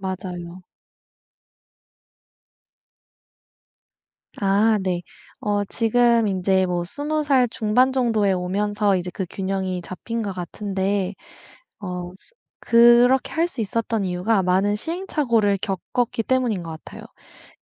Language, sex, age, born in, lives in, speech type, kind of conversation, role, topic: Korean, female, 25-29, South Korea, United States, conversation, podcast, guest, 유행을 따르시겠어요, 아니면 자신만의 길을 가시겠어요?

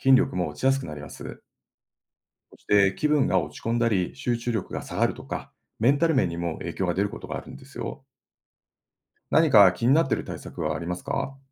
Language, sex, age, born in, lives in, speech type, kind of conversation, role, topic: Japanese, male, 50-54, Japan, Japan, read, expert-assistant, expert, 長時間座り続けるとどのような健康リスクがありますか？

